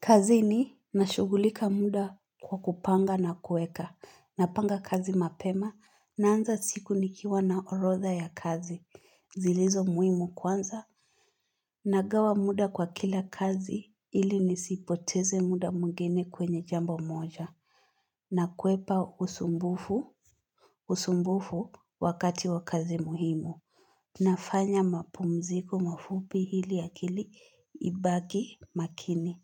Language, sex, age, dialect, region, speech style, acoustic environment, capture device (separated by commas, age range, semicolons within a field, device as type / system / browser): Swahili, female, 18-24, Kenya - Nairobi Sheng-influenced, Kenya, happy, silence, mobile / Linux / Chrome